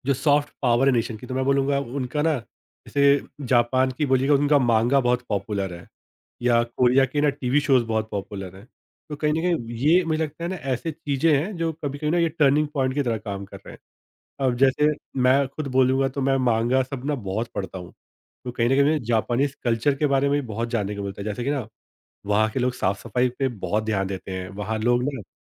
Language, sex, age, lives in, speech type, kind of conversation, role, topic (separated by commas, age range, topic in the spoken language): Hindi, male, 30-34, India, conversation, podcast, guest, Social media ne tumhari cultural style ko kaise badla, yaar?